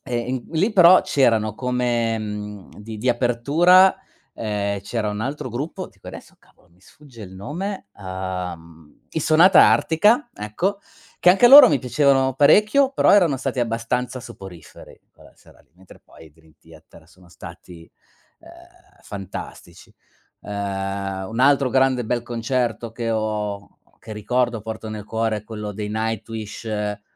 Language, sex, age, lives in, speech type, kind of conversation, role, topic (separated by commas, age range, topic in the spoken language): Italian, male, 40-44, Germany, conversation, unstructured, none, Qual è il ricordo più bello che hai legato a un concerto o a una festa musicale?